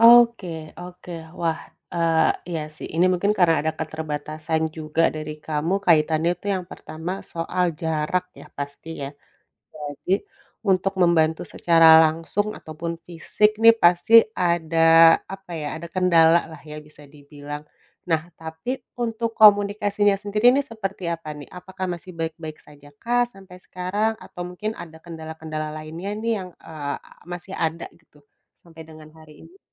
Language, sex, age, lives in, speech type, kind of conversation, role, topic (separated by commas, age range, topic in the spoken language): Indonesian, female, 35-39, Indonesia, conversation, advice, advisor, Bagaimana cara memaafkan diri sendiri tanpa mengabaikan tanggung jawab?